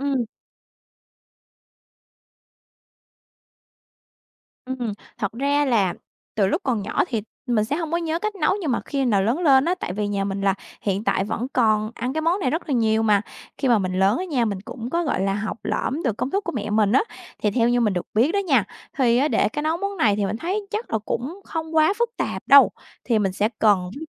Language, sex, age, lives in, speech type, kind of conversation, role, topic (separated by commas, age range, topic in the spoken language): Vietnamese, female, 25-29, Vietnam, conversation, podcast, guest, Món ăn gia truyền nào gợi nhớ tuổi thơ bạn nhất?